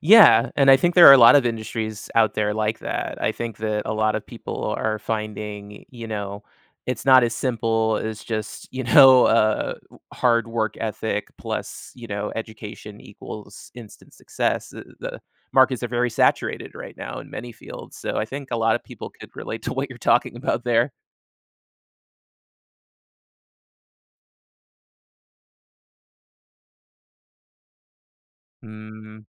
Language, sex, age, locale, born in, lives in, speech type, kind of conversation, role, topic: English, male, 35-39, en-US, United States, United States, conversation, podcast, host, What helps people keep going when working toward long-term goals?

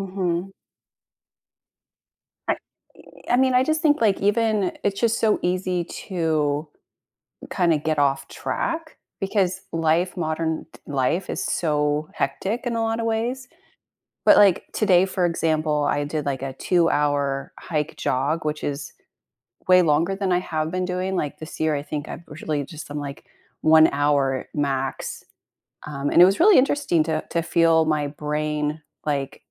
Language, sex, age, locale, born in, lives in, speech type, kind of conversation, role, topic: English, female, 40-44, en-US, United States, United States, conversation, advice, user, How can I build on recent progress in my health and fitness?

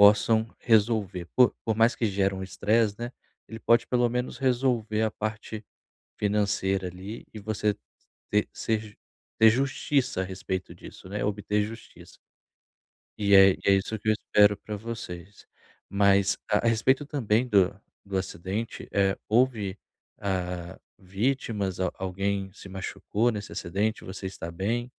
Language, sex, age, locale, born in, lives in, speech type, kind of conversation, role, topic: Portuguese, male, 30-34, pt-BR, Brazil, Portugal, conversation, advice, advisor, Como posso reduzir o estresse durante grandes mudanças na vida?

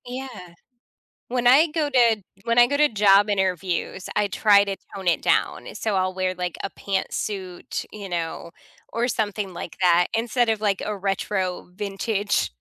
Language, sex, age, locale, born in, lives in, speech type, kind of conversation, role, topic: English, female, 40-44, en-US, United States, United States, conversation, unstructured, none, What do you wish people noticed first about you, and how could you help them notice it sooner?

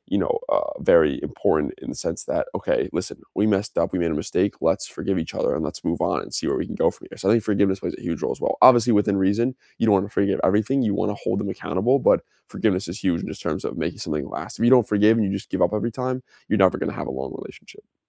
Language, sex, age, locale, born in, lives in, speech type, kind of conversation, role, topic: English, male, 20-24, en-US, United States, United States, conversation, unstructured, none, What makes a relationship last?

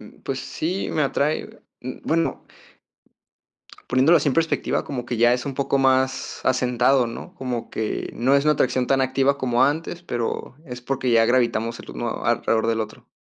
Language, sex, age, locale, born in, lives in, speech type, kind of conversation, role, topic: Spanish, male, 20-24, es-MX, Mexico, Mexico, conversation, advice, user, ¿Cómo puedo manejar la inseguridad al interactuar con mi pareja en público?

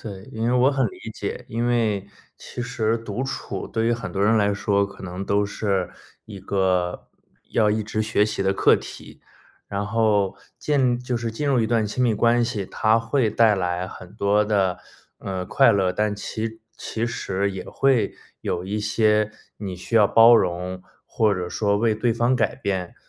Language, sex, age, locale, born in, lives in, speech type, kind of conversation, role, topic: Chinese, male, 30-34, zh-CN, China, United States, conversation, advice, advisor, 分手后独处时为什么会感到很孤单？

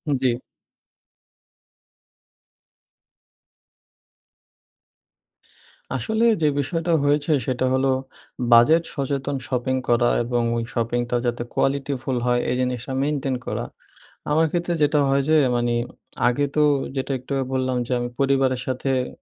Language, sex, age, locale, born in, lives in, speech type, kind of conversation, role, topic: Bengali, male, 20-24, bn-BD, Bangladesh, Bangladesh, conversation, advice, user, বাজেটের মধ্যে থেকে কীভাবে ভালো মানের পোশাক ও প্রয়োজনীয় জিনিস কেনা যায়?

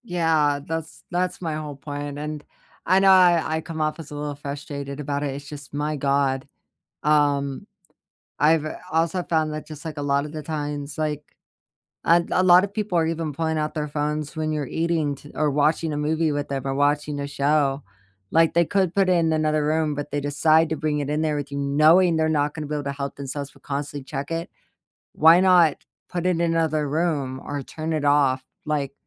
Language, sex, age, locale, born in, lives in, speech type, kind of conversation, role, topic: English, female, 25-29, en-US, United States, United States, conversation, unstructured, none, How do you take digital breaks without FOMO while staying meaningfully connected to the people who matter most?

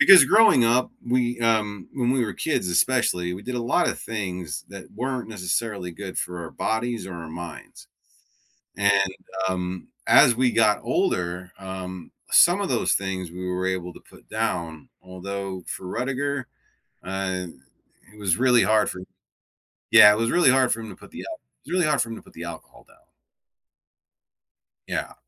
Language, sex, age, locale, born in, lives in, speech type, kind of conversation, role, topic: English, male, 45-49, en-US, United States, United States, conversation, advice, user, How can I maintain and deepen a renewed friendship after reconnecting with an old friend?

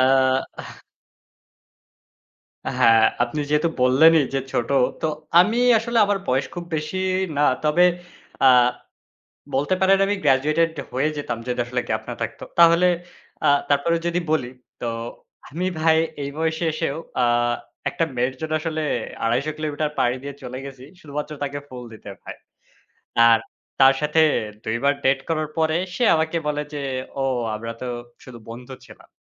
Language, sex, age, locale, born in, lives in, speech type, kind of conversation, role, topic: Bengali, male, 25-29, bn-BD, Bangladesh, Bangladesh, conversation, unstructured, none, একটি ভুল স্মৃতি আপনার জীবনে কীভাবে প্রভাব ফেলেছে?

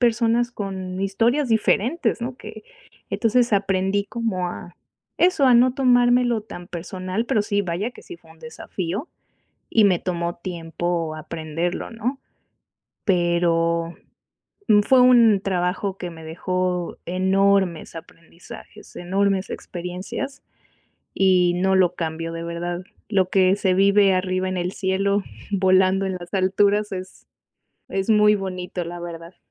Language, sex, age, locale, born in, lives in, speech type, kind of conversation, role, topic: Spanish, female, 35-39, es-MX, Mexico, Mexico, conversation, unstructured, none, ¿Alguna vez un trabajo que parecía normal te ha cambiado la vida?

